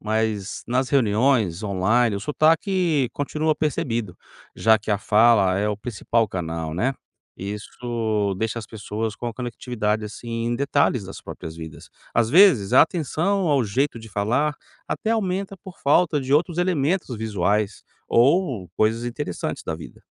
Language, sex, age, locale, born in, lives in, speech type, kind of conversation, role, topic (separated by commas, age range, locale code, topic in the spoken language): Portuguese, male, 45-49, pt-BR, Brazil, United States, read, expert-assistant, expert, Como as pessoas percebem diferentes sotaques brasileiros e o que isso significa no dia a dia?